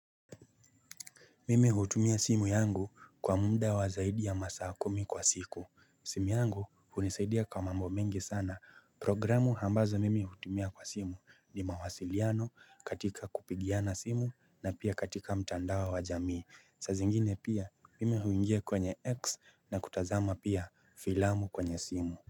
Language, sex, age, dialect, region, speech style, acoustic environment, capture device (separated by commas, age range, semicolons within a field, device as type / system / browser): Swahili, male, 25-34, Kenya - Nairobi Sheng-influenced, Kenya, relaxed; focused, nature, mobile / Linux / Chrome